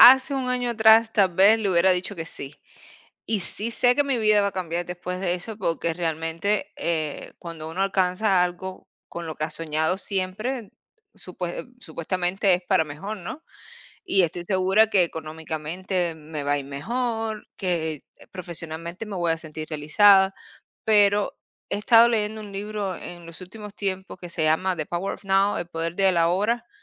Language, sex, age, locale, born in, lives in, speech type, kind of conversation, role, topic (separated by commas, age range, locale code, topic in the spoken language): Spanish, female, 30-34, es-CU, Cuba, United States, conversation, unstructured, none, ¿Hay alguna meta que siempre hayas querido alcanzar?